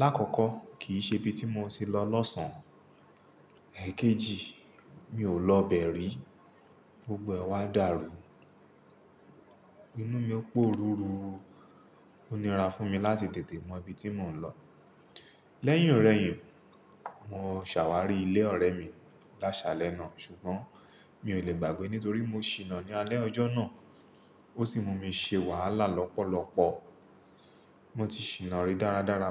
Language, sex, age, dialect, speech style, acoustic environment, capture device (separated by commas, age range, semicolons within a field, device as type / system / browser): Yoruba, male, 35-44, Nigeria - Lagos Yoruba, relaxed; focused, silence, mobile / Linux / Chrome